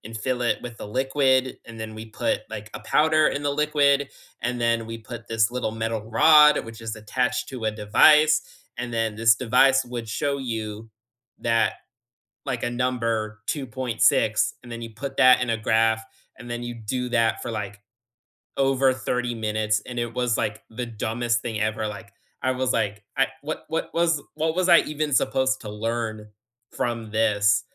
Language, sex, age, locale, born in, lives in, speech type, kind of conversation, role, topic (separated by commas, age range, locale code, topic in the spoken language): English, male, 20-24, en-US, United States, United States, conversation, unstructured, none, What routines, boundaries, and small hacks help you juggle homework, hobbies, and sleep during hectic school weeks?